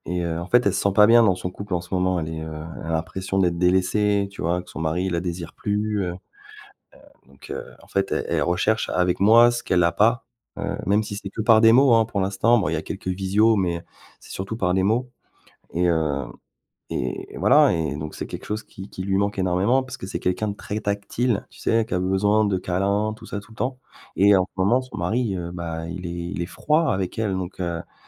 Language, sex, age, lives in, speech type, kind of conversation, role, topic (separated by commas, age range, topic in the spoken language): French, male, 40-44, France, conversation, advice, user, Pourquoi est-ce que j’hésite à couper tout contact avec mon ex ?